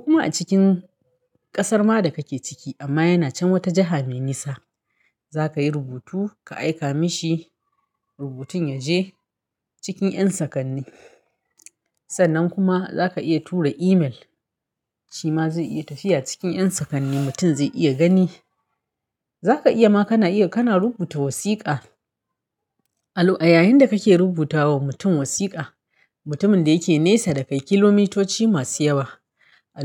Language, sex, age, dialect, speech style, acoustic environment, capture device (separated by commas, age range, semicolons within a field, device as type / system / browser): Hausa, female, 35-44, Nigeria - Kano, happy, nature; silence, mobile / Linux / Chrome